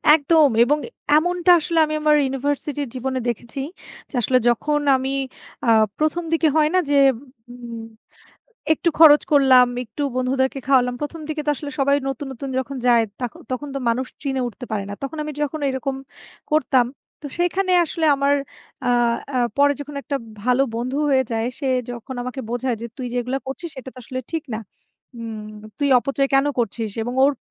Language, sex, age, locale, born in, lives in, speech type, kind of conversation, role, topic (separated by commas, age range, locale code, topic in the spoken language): Bengali, female, 25-29, bn-BD, Bangladesh, Bangladesh, conversation, unstructured, none, আপনার প্রথম সত্যিকারের বন্ধুত্ব কেমন ছিল?